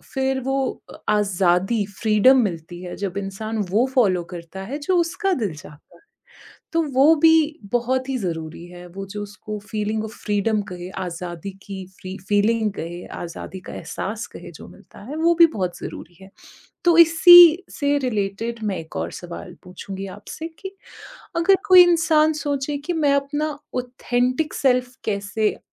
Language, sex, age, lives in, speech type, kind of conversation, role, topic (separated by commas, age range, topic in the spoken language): Hindi, female, 30-34, India, conversation, podcast, host, आपके लिए प्रामाणिक होना क्या मायने रखता है?